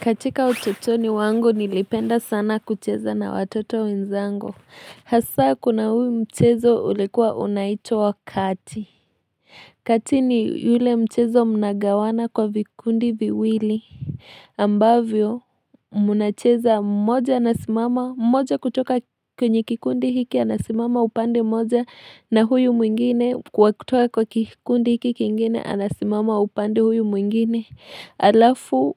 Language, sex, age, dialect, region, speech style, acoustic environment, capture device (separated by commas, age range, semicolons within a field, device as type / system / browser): Swahili, female, 25-34, Kenya - Nairobi Sheng-influenced, Kenya, nervous, silence, mobile / Linux / Chrome